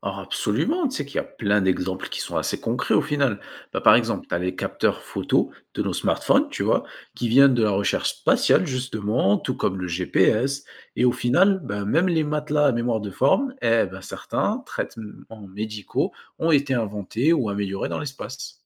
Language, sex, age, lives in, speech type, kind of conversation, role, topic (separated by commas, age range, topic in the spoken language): French, male, 20-24, France, read, expert-assistant, expert, Explorer l’espace : est-ce que ça vaut vraiment le coût ?